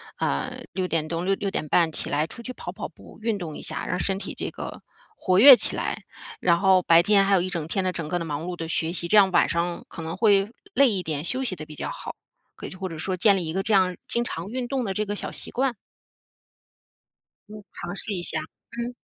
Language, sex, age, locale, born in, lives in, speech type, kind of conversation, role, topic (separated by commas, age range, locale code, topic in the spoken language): Chinese, female, 40-44, zh-CN, China, United States, conversation, advice, advisor, 我总因未知而焦虑紧张，该如何应对？